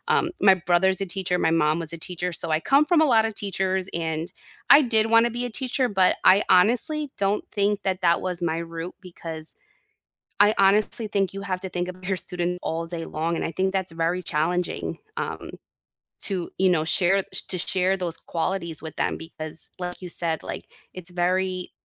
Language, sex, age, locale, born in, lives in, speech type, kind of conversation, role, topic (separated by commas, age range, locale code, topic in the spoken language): English, female, 35-39, en-US, United States, United States, conversation, unstructured, none, Which teacher changed the way you think about learning, and what made that impact last?